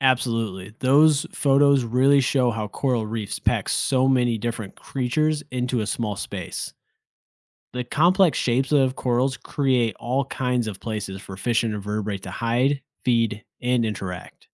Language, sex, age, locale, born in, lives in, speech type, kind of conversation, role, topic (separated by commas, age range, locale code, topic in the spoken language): English, male, 30-34, en-US, United States, United States, read, expert-assistant, expert, How do coral reefs support so many different kinds of sea life?